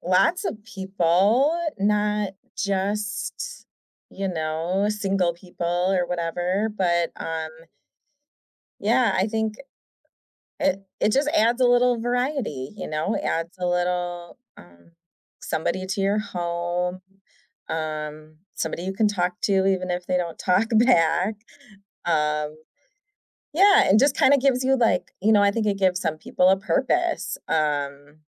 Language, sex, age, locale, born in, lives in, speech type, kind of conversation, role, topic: English, female, 35-39, en-US, United States, United States, conversation, unstructured, none, What makes pets such important companions in our lives?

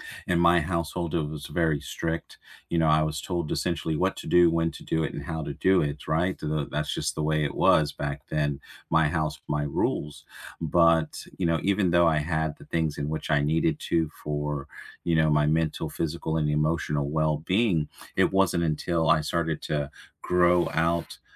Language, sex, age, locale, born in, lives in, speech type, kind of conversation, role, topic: English, male, 40-44, en-US, United States, United States, conversation, unstructured, none, Which small rituals or quick stress relievers help you get through tough days, and how did you discover them?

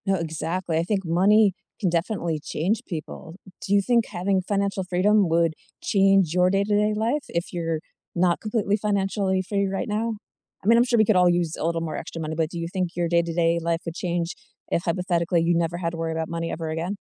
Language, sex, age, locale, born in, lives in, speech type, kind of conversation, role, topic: English, female, 40-44, en-US, United States, United States, conversation, unstructured, none, What does financial freedom mean to you?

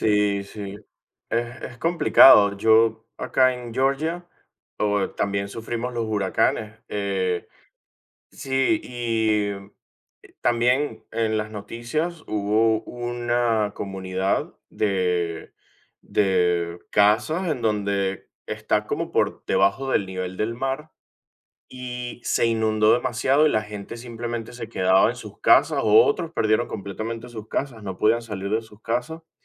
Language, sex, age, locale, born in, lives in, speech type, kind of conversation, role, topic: Spanish, male, 25-29, es-VE, Venezuela, United States, conversation, unstructured, none, ¿Cómo te sientes cuando las noticias hablan de crisis humanitarias?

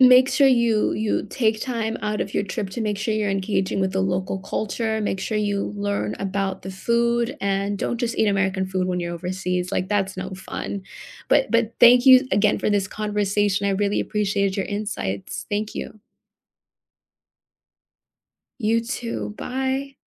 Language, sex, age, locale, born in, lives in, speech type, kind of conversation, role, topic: English, female, 25-29, en-US, United States, United States, conversation, unstructured, none, How can you plan travel that respects the environment and local communities, and which simple choices make the biggest difference?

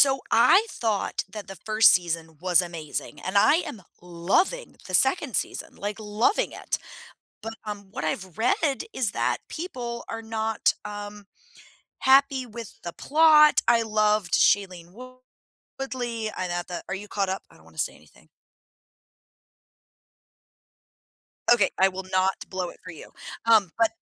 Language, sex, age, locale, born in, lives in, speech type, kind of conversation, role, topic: English, female, 35-39, en-US, United States, United States, conversation, unstructured, none, What are your plans for the weekend—recharging at home, exploring nearby, or doing something spontaneous?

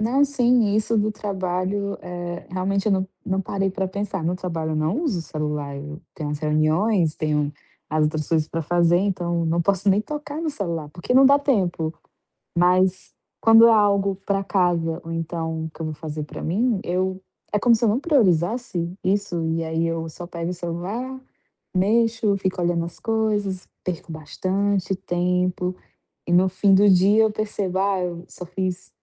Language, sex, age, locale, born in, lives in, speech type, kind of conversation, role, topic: Portuguese, female, 25-29, pt-BR, Brazil, Portugal, conversation, advice, user, Como posso organizar o meu dia em blocos de tempo?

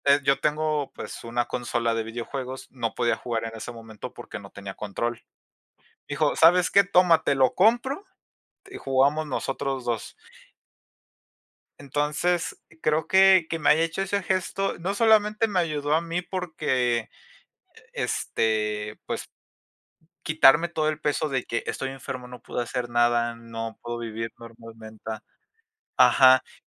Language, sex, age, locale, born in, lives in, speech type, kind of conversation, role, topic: Spanish, male, 20-24, es-MX, Mexico, Mexico, conversation, unstructured, none, ¿Qué es lo que te hace sonreír en un día normal?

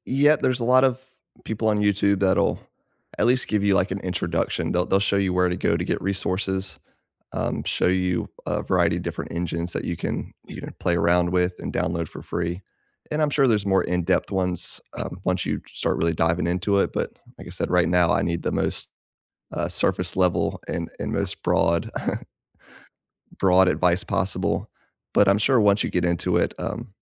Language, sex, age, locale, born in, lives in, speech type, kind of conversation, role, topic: English, male, 35-39, en-US, United States, United States, conversation, unstructured, none, How would you use an extra five hours a week over the next year?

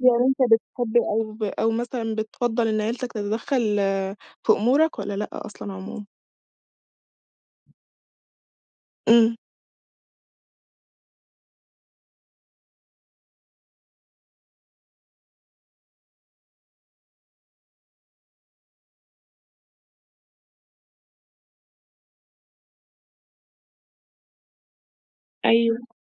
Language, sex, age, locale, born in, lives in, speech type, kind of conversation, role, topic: Arabic, female, 20-24, ar-EG, Egypt, Egypt, conversation, podcast, host, إزاي بتتعامل مع توقعات المجتمع للنجاح؟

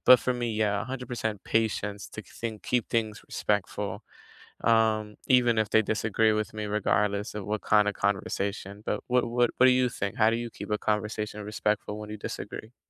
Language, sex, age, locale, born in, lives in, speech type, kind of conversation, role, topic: English, male, 20-24, en-US, United States, United States, conversation, unstructured, none, How do you keep a conversation respectful when you disagree?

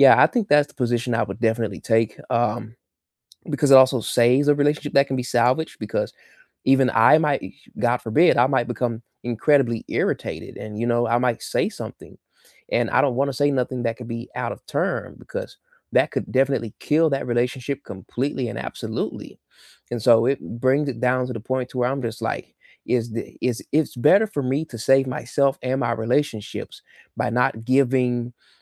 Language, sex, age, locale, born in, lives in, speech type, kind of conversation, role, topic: English, male, 25-29, en-US, United States, United States, conversation, unstructured, none, How do you recharge after a stressful day?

